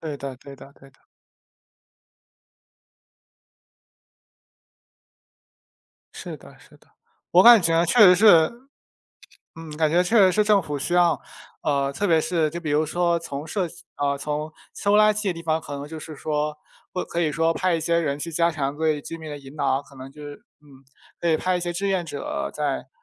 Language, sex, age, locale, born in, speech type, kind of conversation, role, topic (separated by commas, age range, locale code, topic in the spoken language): Chinese, male, 20-24, zh-CN, China, conversation, unstructured, none, 你觉得政府应该如何解决环境问题？